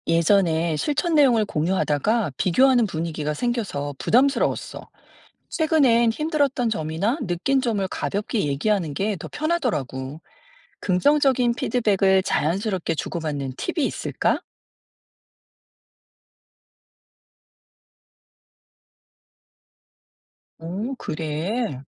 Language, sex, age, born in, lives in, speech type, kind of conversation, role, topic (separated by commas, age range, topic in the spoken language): Korean, female, 50-54, South Korea, United States, read, expert-assistant, asker, 감정지능을 키우려면 평소에 어떤 습관을 들이는 게 도움이 될까요?